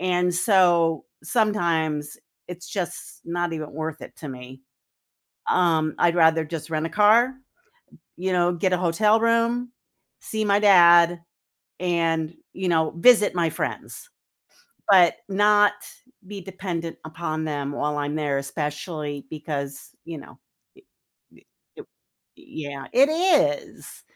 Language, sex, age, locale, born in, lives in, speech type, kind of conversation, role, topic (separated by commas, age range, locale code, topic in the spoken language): English, female, 60-64, en-US, United States, United States, conversation, podcast, host, What helps maintain strong friendships when disagreements arise?